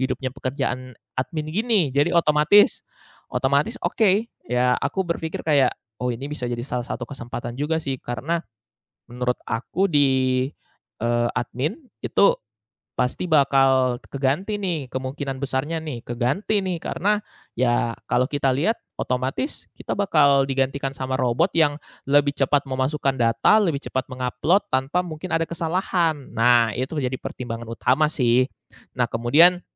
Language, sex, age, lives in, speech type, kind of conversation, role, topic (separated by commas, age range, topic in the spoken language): Indonesian, male, 20-24, Indonesia, conversation, podcast, guest, Bagaimana kamu memilih antara stabilitas dan kesempatan baru?